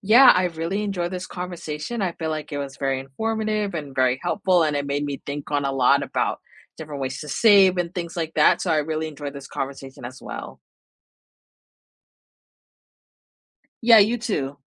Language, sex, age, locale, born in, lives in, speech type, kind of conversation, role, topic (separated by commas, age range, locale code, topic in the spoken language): English, female, 25-29, en-US, United States, United States, conversation, unstructured, none, How do you react when a store refuses to match a competitor’s price?